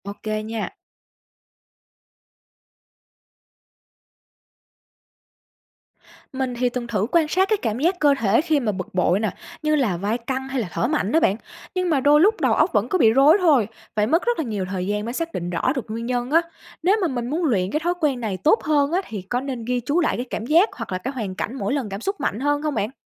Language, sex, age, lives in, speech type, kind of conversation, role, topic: Vietnamese, female, 25-29, Vietnam, read, expert-assistant, asker, Làm sao để nhận biết và quản lý cảm xúc tiêu cực hiệu quả hơn trong cuộc sống hàng ngày?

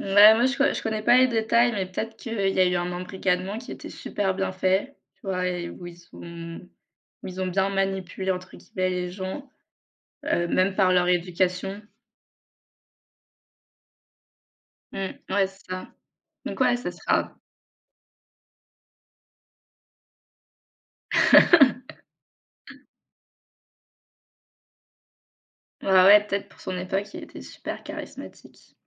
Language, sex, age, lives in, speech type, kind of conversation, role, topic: French, female, 25-29, France, conversation, unstructured, none, Selon toi, quelle époque historique serait la plus intéressante à vivre ?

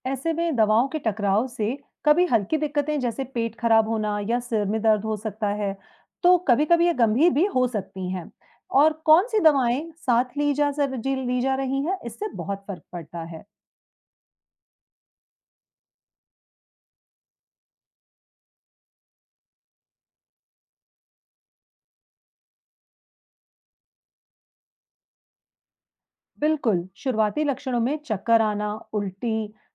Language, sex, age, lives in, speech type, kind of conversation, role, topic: Hindi, female, 35-39, India, read, expert-assistant, expert, डॉक्टर लोग मरीज की देखभाल के लिए कौन-सा तरीका अपनाते हैं, जिससे इलाज में सबसे अच्छे नतीजे मिलें?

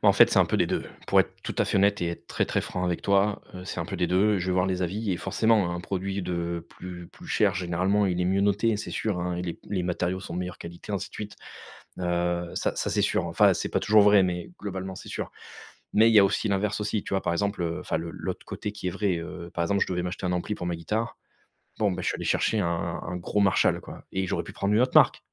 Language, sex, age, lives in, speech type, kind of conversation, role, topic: French, male, 35-39, France, conversation, advice, user, Comment votre endettement lié à de petites dépenses quotidiennes non contrôlées se manifeste-t-il ?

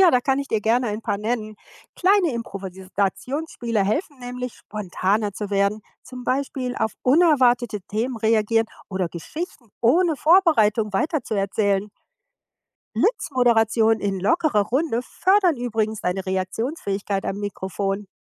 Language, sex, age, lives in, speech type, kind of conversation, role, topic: German, female, 55-59, United States, read, expert-assistant, expert, Wie kann ich meine Stimme und Ausstrahlung beim Sprechen im Radio verbessern?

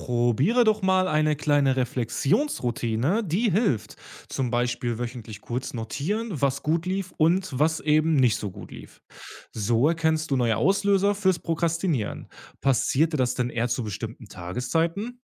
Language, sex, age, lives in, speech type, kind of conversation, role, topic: German, male, 30-34, Germany, read, expert-assistant, expert, Wie finde ich heraus, welche Arbeitsweise meine Produktivität wirklich steigert?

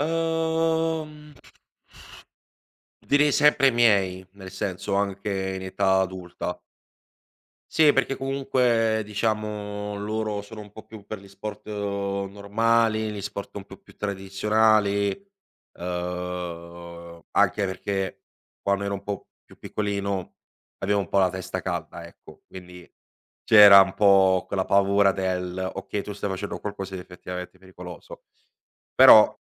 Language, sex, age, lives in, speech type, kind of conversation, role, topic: Italian, male, 25-29, Italy, conversation, podcast, guest, Come hai scoperto il tuo hobby preferito e perché ti piace?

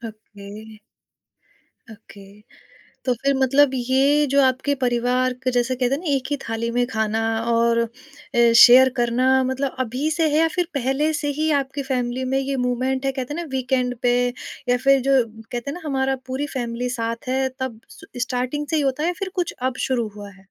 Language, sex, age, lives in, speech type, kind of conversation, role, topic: Hindi, female, 30-34, India, conversation, podcast, host, Parivaar ke saath khana share karte waqt tumhara sabse pasandida pal kaunsa hota hai?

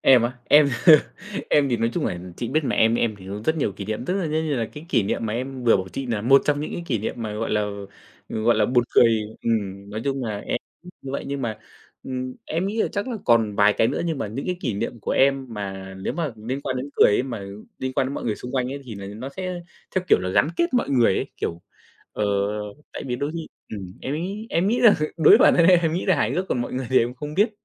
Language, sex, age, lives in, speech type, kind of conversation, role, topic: Vietnamese, male, 25-29, Vietnam, conversation, unstructured, none, Có kỷ niệm nào khiến bạn cười mãi không quên không?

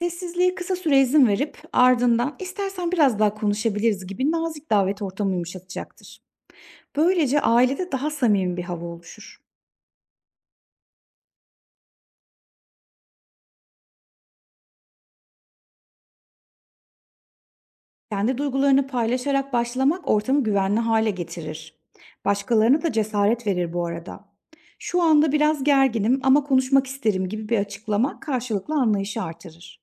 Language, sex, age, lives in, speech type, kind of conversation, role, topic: Turkish, female, 35-39, Germany, read, expert-assistant, expert, Bir arkadaşım beni yanlış anladığında, aramızdaki iletişimi nasıl düzeltebilirim?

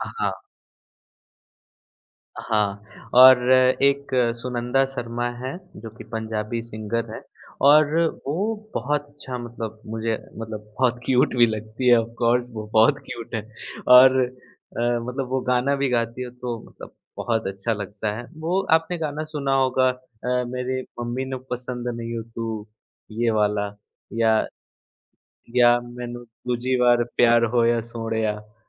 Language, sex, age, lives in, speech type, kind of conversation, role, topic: Hindi, male, 25-29, India, conversation, podcast, guest, Kaunsa gaana tumhe sabse zyada represent karta hai?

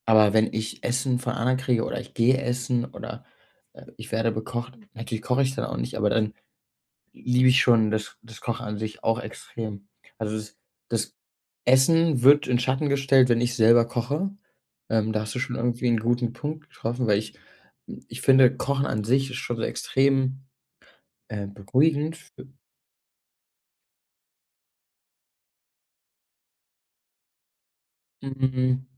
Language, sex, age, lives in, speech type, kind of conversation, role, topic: German, male, 25-29, Germany, conversation, podcast, guest, Welche Rolle spielen alte Familienrezepte als Trostquelle?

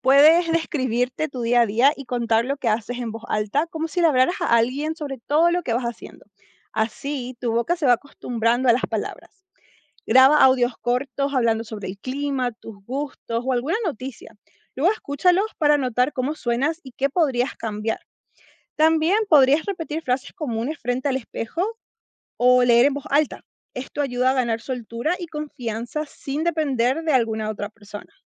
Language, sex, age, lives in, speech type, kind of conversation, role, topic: Spanish, female, 25-29, United States, read, expert-assistant, expert, ¿Qué beneficios tiene aprender un segundo idioma?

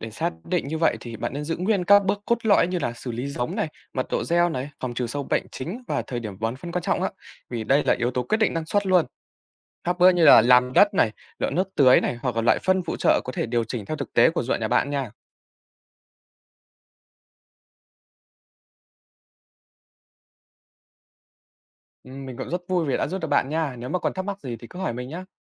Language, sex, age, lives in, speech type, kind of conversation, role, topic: Vietnamese, male, 20-24, Vietnam, read, expert-assistant, expert, Khi tìm hiểu về kỹ thuật canh tác hiện đại, làm sao nhận biết đâu là tài liệu gốc chất lượng?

